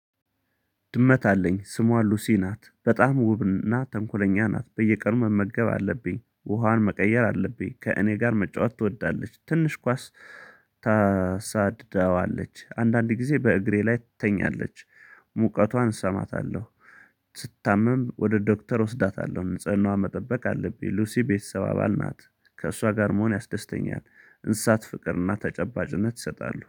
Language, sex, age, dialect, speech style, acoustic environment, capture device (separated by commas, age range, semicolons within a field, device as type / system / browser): Amharic, male, 35-44, Ethiopia - Gondar, focused, silence, mobile / Linux / Chrome